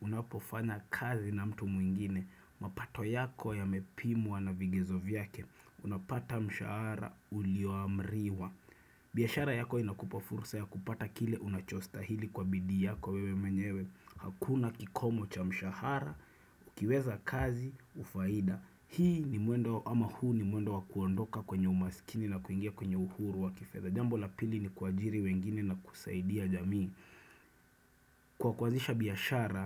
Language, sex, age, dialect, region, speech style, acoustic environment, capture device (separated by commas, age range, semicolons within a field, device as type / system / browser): Swahili, male, 25-34, Kenya - Nairobi Sheng-influenced, Kenya, focused; relaxed, silence, desktop / Windows / Chrome